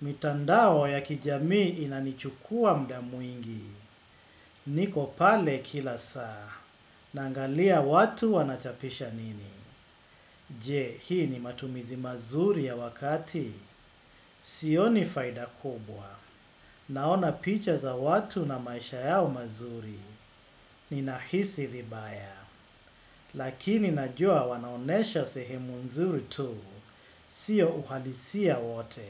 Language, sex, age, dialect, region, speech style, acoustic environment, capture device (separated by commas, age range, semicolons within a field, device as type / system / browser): Swahili, male, 35-44, Kenya - Mombasa, Kenya, excited; happy; focused, silence, desktop / Windows / Chrome